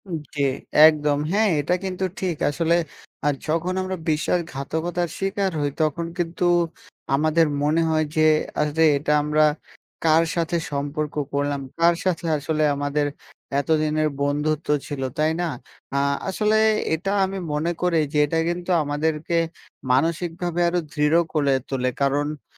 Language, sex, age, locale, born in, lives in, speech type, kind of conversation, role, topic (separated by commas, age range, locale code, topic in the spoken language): Bengali, male, 20-24, bn-BD, Bangladesh, Bangladesh, conversation, unstructured, none, তোমার কি কখনও সম্পর্কের মধ্যে বিশ্বাস হারানোর ভয় হয়েছে?